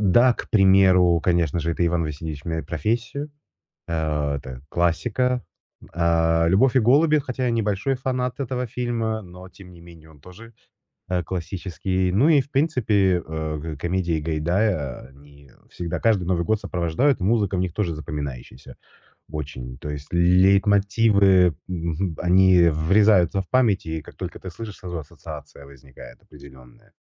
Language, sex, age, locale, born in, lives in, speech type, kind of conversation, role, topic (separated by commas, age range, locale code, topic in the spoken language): Russian, male, 35-39, ru-RU, Russia, Italy, conversation, podcast, guest, Какие саундтреки из фильмов стали частью твоей жизни?